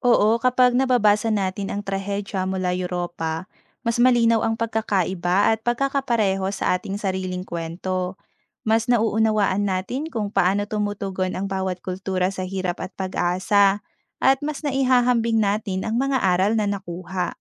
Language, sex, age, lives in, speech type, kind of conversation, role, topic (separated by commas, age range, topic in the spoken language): Filipino, female, 30-34, Philippines, read, expert-assistant, expert, Alin ang mas nakaaantig: ang trahedya sa mga klasikong nobela ng Pilipinas o ng Europa?